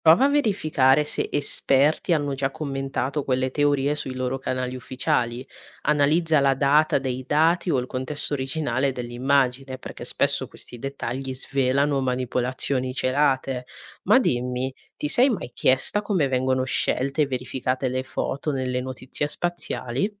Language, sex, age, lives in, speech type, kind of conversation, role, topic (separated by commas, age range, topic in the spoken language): Italian, female, 20-24, Italy, read, expert-assistant, expert, Come possiamo riconoscere una fonte attendibile quando leggiamo storie di esplorazioni spaziali sui social o in TV?